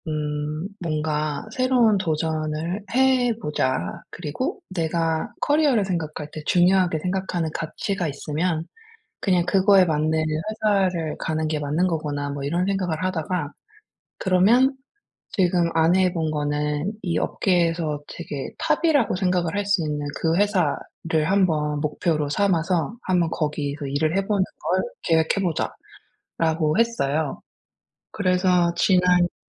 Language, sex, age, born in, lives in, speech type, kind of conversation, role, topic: Korean, female, 40-44, South Korea, United States, conversation, advice, user, 실패가 두려울 때 어떻게 목표를 꾸준히 이어갈 수 있나요?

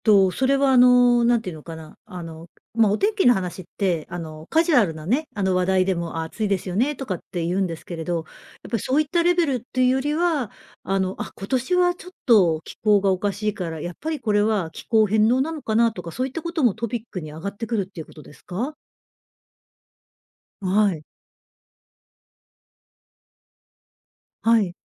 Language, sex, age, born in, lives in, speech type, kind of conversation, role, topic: Japanese, female, 55-59, Japan, Japan, conversation, podcast, host, 季節の移り変わりをどう感じますか？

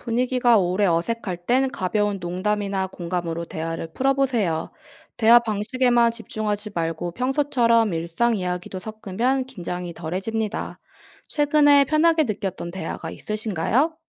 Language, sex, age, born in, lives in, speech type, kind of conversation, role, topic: Korean, female, 25-29, South Korea, South Korea, read, expert-assistant, expert, 친구랑 의견이 다를 때, 서로 기분 안 상하게 대화하려면 어떤 연습이 필요할까요?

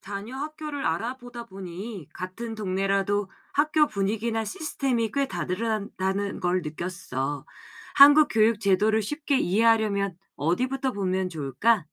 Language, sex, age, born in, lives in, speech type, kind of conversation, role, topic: Korean, female, 40-44, South Korea, South Korea, read, expert-assistant, asker, 학교를 선택할 때 어떤 기준을 보면 좋을까요?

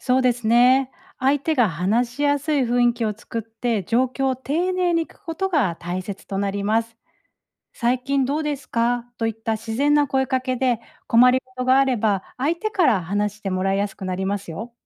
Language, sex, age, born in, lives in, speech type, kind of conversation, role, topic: Japanese, female, 40-44, Japan, Japan, read, expert-assistant, expert, 現地の人と活動を長く続けるにはどうしたらよいですか？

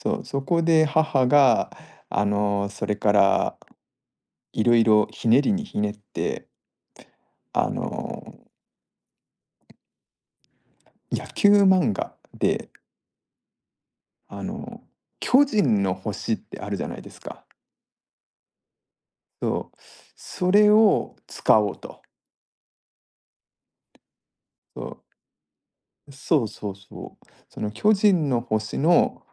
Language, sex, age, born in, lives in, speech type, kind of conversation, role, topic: Japanese, male, 40-44, Japan, Japan, conversation, podcast, guest, あなたの名前には、どんな意味や由来が込められていますか？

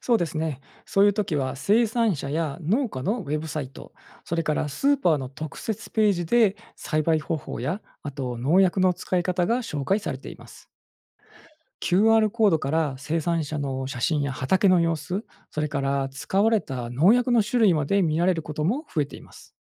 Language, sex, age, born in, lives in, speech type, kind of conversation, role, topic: Japanese, male, 45-49, Japan, Japan, read, expert-assistant, expert, 身近な野菜や果物がどのように作られているか知りたいとき、どこで信頼できる情報を見つけられますか？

